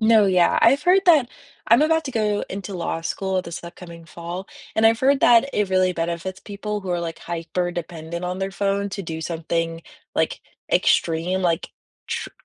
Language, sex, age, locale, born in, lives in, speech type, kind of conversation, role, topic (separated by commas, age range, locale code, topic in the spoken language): English, female, 20-24, en-US, United States, United States, conversation, unstructured, none, When you unplug for an hour, what offline ritual makes you feel most grounded, and why?